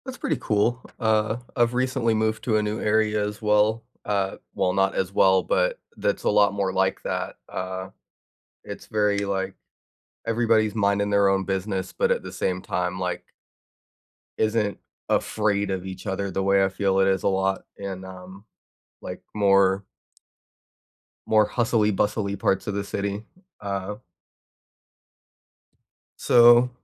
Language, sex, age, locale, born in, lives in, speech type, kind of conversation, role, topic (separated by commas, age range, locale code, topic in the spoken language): English, other, 20-24, en-US, United States, United States, conversation, unstructured, none, When did you turn an awkward mistake into a joke, and what happened next?